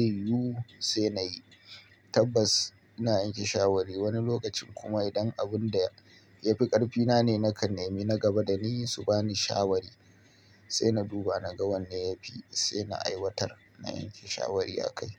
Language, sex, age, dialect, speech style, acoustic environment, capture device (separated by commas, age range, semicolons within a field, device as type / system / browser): Hausa, male, 25-34, Nigeria - Kano, happy, appliances; people talking, mobile / Linux / Chrome